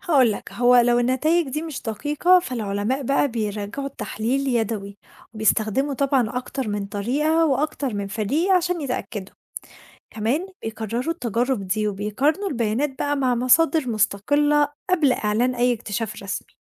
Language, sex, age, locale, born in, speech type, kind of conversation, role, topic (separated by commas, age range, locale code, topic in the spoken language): Arabic, female, 20-24, ar-EG, Egypt, read, expert-assistant, expert, بيقولوا إن أغلب مادة الكون مش بنشوفها وإن في حاجة اسمها المادة المظلمة والطاقة المظلمة، هل الأرقام اللي بنسمعها عن نسبتهم في الكون دقيقة فعلاً؟